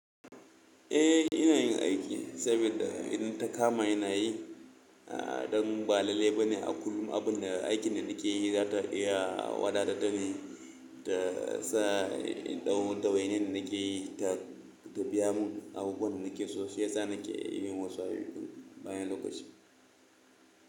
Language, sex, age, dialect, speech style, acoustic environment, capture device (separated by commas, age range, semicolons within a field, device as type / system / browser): Hausa, male, 25-34, Nigeria - Kano, relaxed, silence, mobile / Linux / Chrome